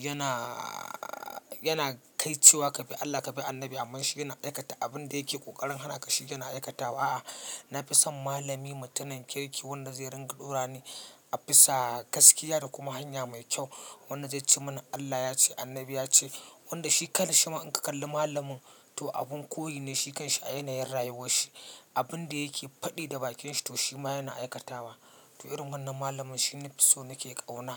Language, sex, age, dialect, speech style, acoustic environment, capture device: Hausa, male, 25-34, Nigeria - Katsina, relaxed; focused, silence, mobile / Linux / Chrome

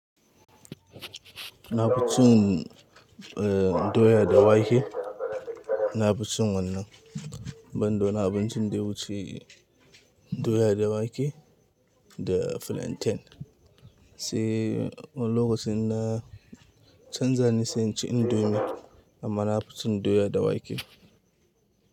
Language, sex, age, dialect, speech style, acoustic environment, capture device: Hausa, male, 25-34, Nigeria - Kano, happy, people talking, mobile / Linux / Chrome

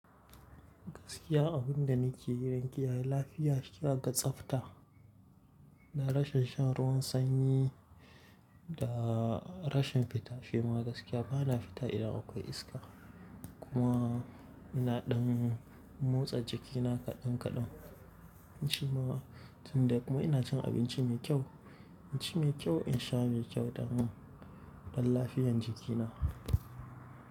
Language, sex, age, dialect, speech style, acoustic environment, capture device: Hausa, male, 18-24, Nigeria - Kano, relaxed, nature, mobile / Linux / Chrome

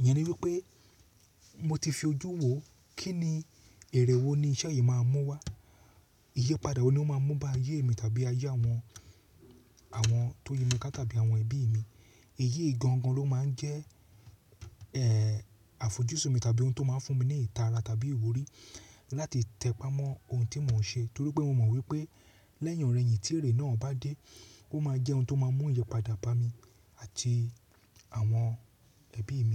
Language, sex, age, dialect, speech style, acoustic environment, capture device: Yoruba, male, 35-44, Nigeria - Lagos Yoruba, relaxed; focused, silence, mobile / Linux / Chrome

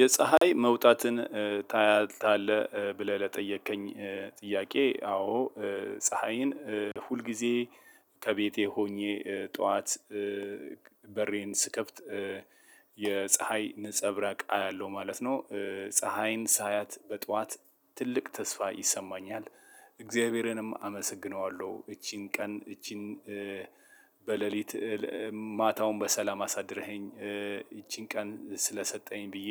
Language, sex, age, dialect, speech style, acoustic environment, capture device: Amharic, male, 35-44, Ethiopia - Addis Ababa, relaxed, silence, mobile / Linux / Chrome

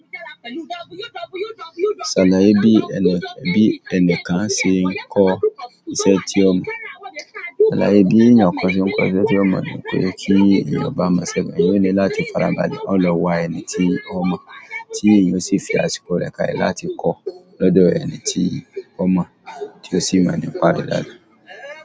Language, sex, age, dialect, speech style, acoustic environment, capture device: Yoruba, male, 35-44, Nigeria - Lagos Yoruba, happy; anxious; excited, people talking; music; traffic, mobile / Android / Chrome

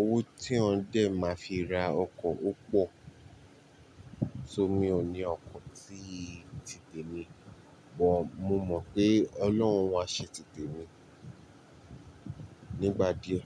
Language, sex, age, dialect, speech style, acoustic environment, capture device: Yoruba, male, 25-34, Nigeria - Nigerian Standard, relaxed; focused, appliances, mobile / Linux / Chrome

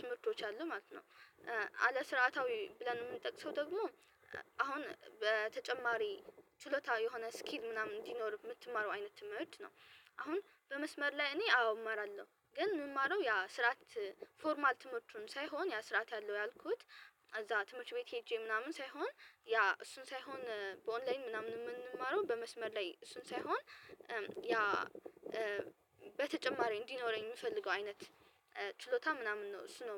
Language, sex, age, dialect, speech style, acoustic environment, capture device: Amharic, female, 18-24, Ethiopia - Addis Ababa, relaxed, silence, mobile / Linux / Chrome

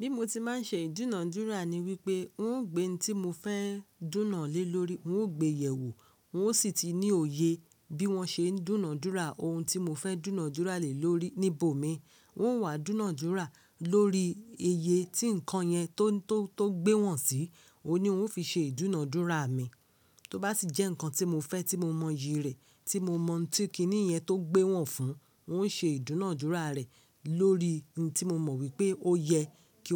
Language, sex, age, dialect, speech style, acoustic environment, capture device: Yoruba, female, 25-34, Nigeria - Lagos Yoruba, happy; focused, people talking; nature; silence, mobile / Linux / Chrome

